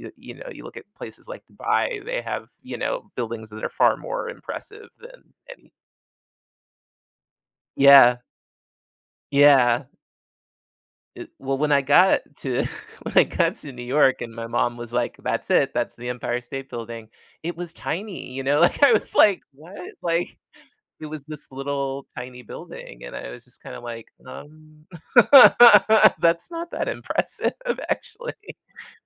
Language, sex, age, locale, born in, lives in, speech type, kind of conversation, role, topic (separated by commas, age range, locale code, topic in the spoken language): English, male, 35-39, en-US, United States, United States, conversation, podcast, guest, What surprised you most while traveling?